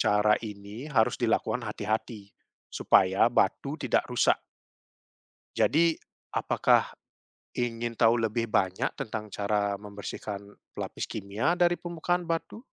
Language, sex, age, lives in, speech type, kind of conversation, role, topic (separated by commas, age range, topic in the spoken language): Indonesian, male, 30-34, Netherlands, read, expert-assistant, expert, Apa yang menyebabkan terjadinya pelapukan batu